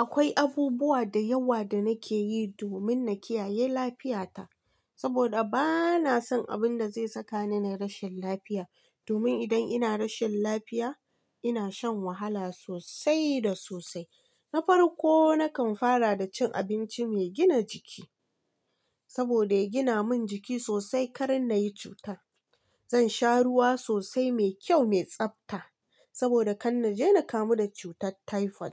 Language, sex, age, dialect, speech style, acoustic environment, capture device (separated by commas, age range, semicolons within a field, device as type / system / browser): Hausa, female, 25-34, Nigeria - Kano, relaxed; focused, nature; silence, mobile / Linux / Chrome